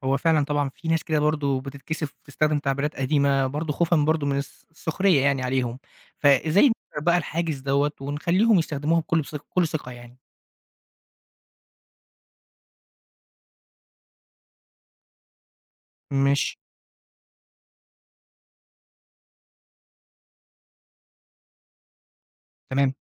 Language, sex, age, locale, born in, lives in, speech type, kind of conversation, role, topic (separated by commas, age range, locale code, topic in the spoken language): Arabic, male, 20-24, ar-EG, Egypt, Egypt, read, expert-assistant, asker, إيه الأفكار الجديدة اللي ممكن تساعد في الحفاظ على اللهجات المصرية القديمة من الاندثار؟